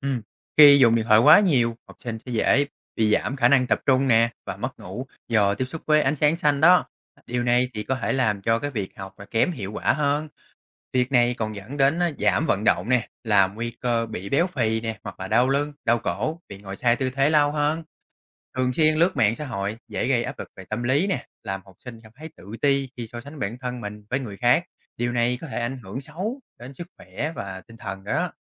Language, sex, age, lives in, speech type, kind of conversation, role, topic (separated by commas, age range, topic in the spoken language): Vietnamese, male, 30-34, Vietnam, read, expert-assistant, expert, Ảnh hưởng của việc sử dụng điện thoại quá nhiều